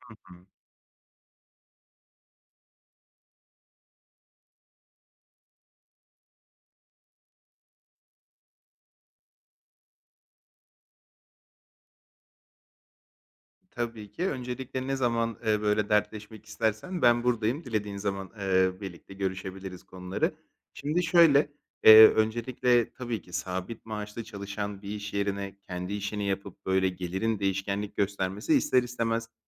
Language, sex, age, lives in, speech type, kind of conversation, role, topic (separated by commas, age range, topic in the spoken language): Turkish, male, 30-34, Greece, conversation, advice, advisor, Gelir belirsizliği nedeniyle finansal kaygı yaşıyorum; ne yapmalıyım?